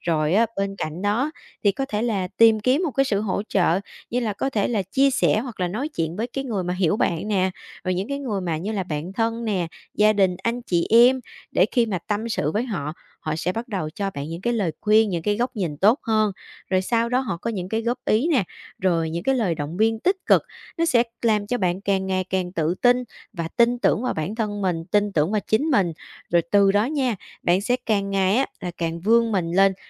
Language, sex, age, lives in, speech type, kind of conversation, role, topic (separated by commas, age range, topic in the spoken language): Vietnamese, female, 25-29, Vietnam, conversation, advice, advisor, Làm thế nào để vượt qua nỗi lo lắng về ngoại hình do tiêu chuẩn xã hội gây ra?